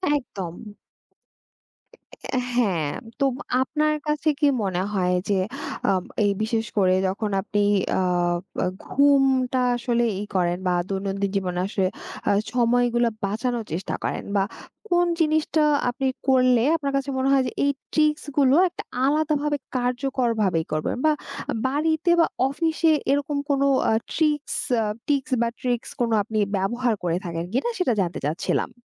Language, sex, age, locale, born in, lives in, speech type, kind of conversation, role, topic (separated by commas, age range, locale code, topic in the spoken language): Bengali, female, 20-24, bn-BD, Bangladesh, Bangladesh, conversation, podcast, host, দৈনন্দিন জীবনে সময় বাঁচানোর ছোট ছোট কৌশলগুলো কী?